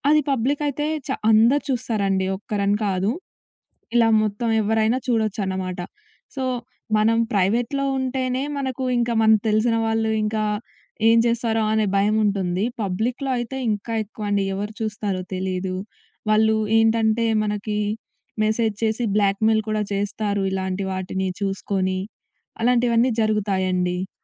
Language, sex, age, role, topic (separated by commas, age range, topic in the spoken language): Telugu, female, 20-24, guest, ఆన్‌లైన్‌లో వ్యక్తిగత జీవితం పంచుకునేటప్పుడు ఎలాంటి హద్దులు పెట్టుకోవాలి?